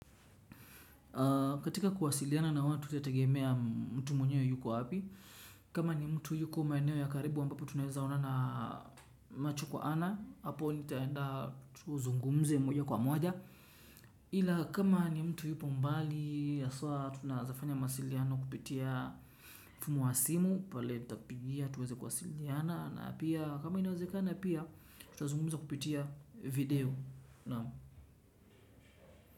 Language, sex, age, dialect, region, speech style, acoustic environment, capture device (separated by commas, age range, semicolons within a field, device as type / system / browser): Swahili, male, 25-34, Kenya - Mombasa, Kenya, relaxed, nature, desktop / Windows / Chrome